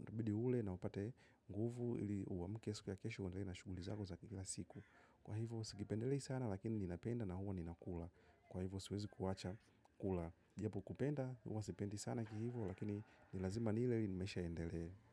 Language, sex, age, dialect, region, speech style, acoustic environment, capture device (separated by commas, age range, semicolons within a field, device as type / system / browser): Swahili, male, 25-34, Tanzania - Dar es Salaam, Tanzania, happy; relaxed; focused, nature, mobile / macOS / Safari